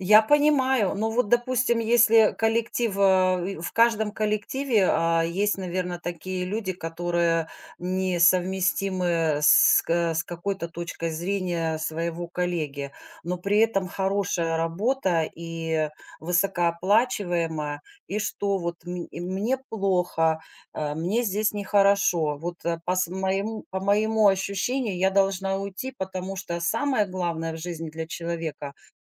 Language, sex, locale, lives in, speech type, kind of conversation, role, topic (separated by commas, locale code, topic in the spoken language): Russian, female, ru-RU, United States, conversation, podcast, host, Как вы объясняете детям свои моральные ценности?